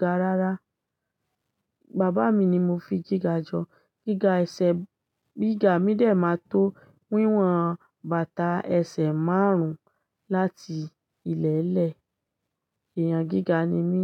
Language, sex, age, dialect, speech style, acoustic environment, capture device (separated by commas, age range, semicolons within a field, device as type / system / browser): Yoruba, female, 25-34, Nigeria - Ibadan, happy; excited, silence, desktop / Linux / Chrome